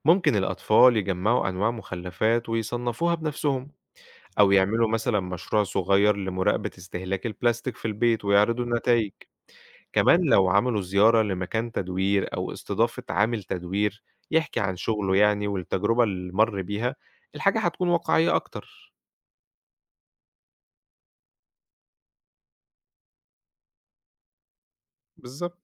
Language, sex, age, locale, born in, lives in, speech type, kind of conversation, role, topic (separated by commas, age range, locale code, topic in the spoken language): Arabic, male, 25-29, ar-EG, Egypt, Egypt, read, expert-assistant, expert, إيه الحلول المبتكرة اللي ممكن نعملها عشان نقلل تلوث البلاستيك في المحيطات والبحار؟